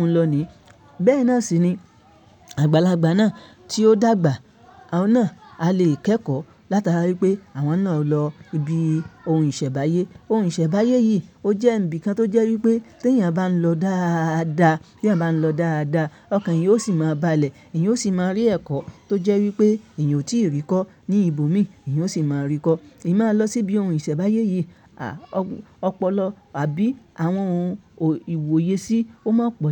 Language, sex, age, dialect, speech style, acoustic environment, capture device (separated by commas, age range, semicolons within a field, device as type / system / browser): Yoruba, male, 25-34, Nigeria - Ibadan, relaxed; happy; focused; anxious, silence; nature, mobile / Linux / Chrome